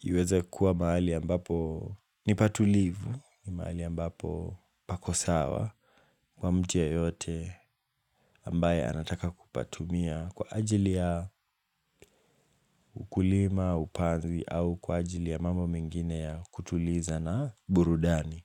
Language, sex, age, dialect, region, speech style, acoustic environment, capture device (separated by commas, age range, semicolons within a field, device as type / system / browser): Swahili, male, 18-24, Kenya - Mombasa, Kenya, relaxed, nature, mobile / Linux / Chrome